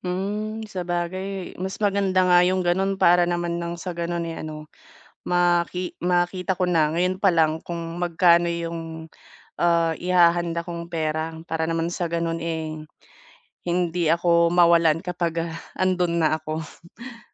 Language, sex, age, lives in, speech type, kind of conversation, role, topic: Filipino, female, 30-34, Philippines, conversation, advice, user, Paano ako makakapag-ipon at makakagawa ng badyet para sa bakasyon?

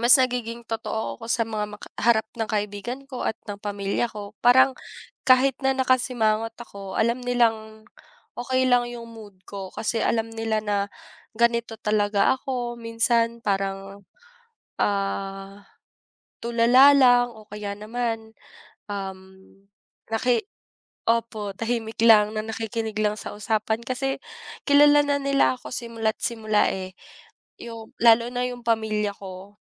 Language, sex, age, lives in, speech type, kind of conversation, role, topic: Filipino, female, 25-29, Philippines, conversation, unstructured, none, Ano ang palagay mo tungkol sa pagpapakita ng tunay mong sarili sa harap ng iba?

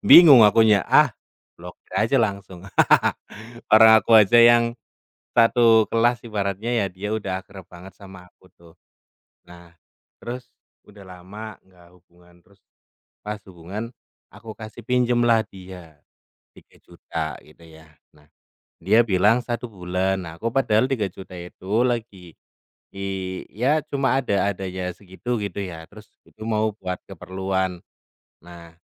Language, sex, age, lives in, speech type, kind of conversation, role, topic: Indonesian, male, 30-34, Indonesia, conversation, unstructured, none, Bagaimana kamu menyikapi orang yang sering meminjam uang tetapi tidak mau mengembalikannya?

